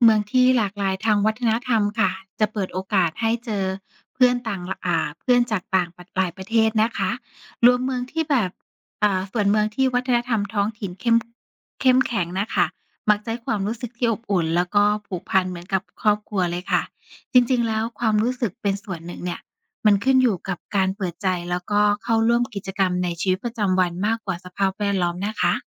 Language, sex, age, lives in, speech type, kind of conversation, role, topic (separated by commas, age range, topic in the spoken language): Thai, female, 35-39, Thailand, read, expert-assistant, expert, การเลือกเมืองสำหรับการใช้ชีวิต: เมืองที่มีความหลากหลายทางวัฒนธรรมกับเมืองที่มีเอกลักษณ์ทางวัฒนธรรมเด่นชัด แบบไหนน่าอยู่กว่ากัน?